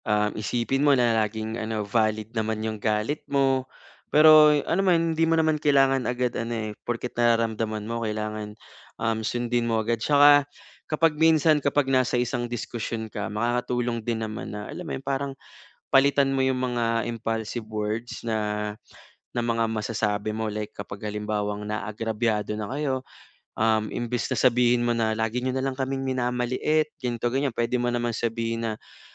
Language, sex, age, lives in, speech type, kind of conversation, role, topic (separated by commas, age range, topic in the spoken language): Filipino, male, 25-29, Philippines, conversation, advice, advisor, Paano ko mapipigilan ang impulsibong tugon kapag sobrang galit o emosyonal ako?